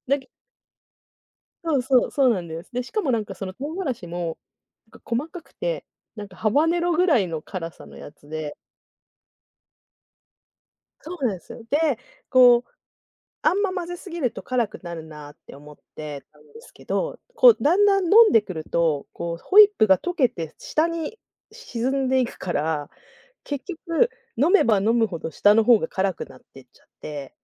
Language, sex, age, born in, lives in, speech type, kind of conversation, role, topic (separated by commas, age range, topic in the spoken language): Japanese, female, 40-44, Japan, Japan, conversation, unstructured, none, これまでに変わった食べ物を試したことがありますか？どんな感想でしたか？